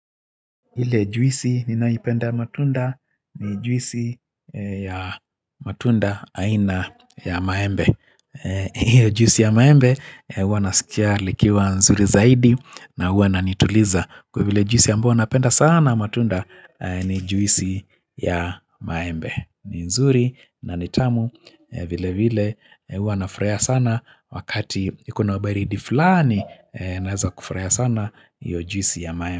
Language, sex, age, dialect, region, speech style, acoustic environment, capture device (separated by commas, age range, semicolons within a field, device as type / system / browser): Swahili, male, 45-54, Kenya - Nairobi Sheng-influenced, Kenya, happy; focused; excited; relaxed, silence, mobile / Linux / Chrome